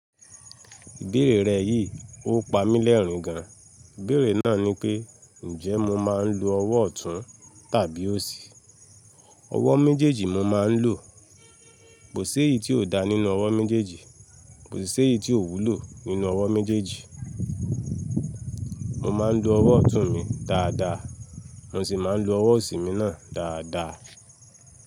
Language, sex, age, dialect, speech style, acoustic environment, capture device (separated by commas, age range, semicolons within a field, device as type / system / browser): Yoruba, male, 25-34, Nigeria - Lagos Yoruba, relaxed, people talking; music; nature, mobile / Linux / Chrome